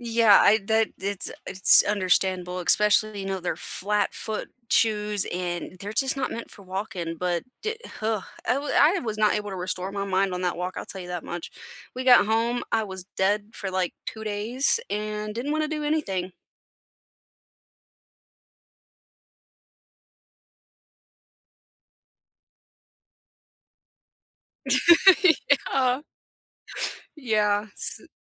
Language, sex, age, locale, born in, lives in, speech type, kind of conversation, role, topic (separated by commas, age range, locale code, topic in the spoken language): English, female, 20-24, en-US, United States, United States, conversation, unstructured, none, Which outdoor places restore your mind, and how can we enjoy them or learn from them together?